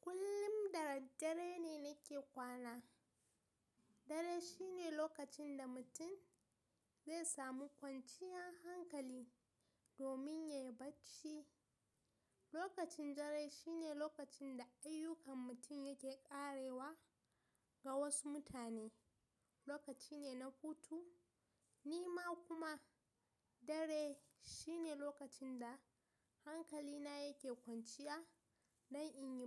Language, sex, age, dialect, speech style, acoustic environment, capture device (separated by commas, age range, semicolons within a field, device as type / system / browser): Hausa, female, 18-24, Nigeria - Kano, relaxed, silence, mobile / Linux / Chrome